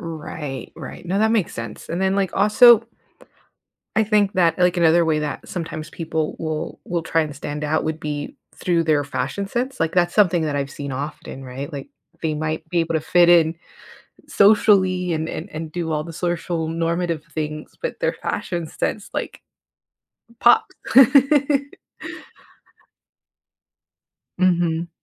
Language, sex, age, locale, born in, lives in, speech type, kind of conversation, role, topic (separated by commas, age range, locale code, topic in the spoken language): English, female, 35-39, en-US, United States, United States, conversation, podcast, host, Why do we seek both acceptance and individuality in our lives?